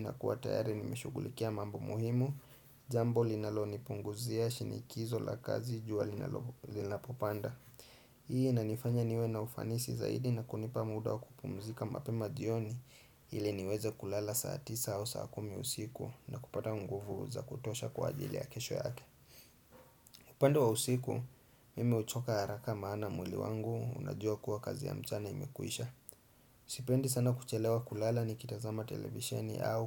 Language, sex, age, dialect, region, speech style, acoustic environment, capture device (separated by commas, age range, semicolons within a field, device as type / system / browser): Swahili, male, 25-34, Kenya - Nairobi Sheng-influenced, Kenya, relaxed; focused, silence, desktop / Windows / Chrome